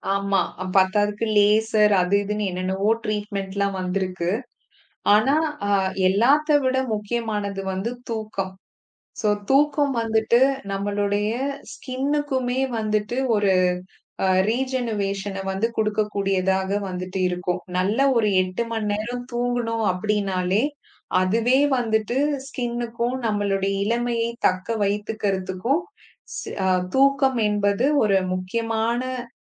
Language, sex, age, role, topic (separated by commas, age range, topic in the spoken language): Tamil, female, 35-39, guest, குறைந்த தூக்கம் மனநலத்தை எப்படி பாதிக்கிறது, அதை சமாளிக்க நீங்கள் என்ன செய்கிறீர்கள்?